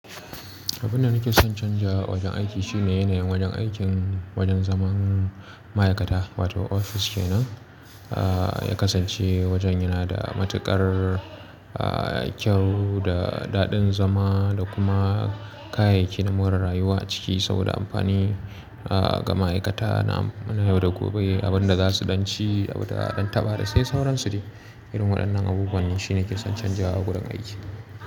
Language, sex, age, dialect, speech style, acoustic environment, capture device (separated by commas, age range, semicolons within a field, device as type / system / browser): Hausa, male, 25-34, Nigeria - Kano, relaxed, appliances, mobile / Linux / Chrome